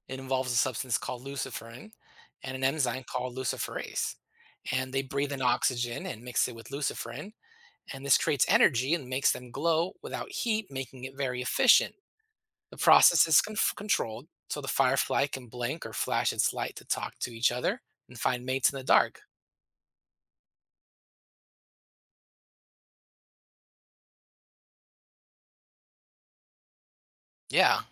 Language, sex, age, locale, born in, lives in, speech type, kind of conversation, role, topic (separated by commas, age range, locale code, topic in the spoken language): English, male, 40-44, en-US, United States, United States, read, expert-assistant, expert, Why do some insects have bright colors?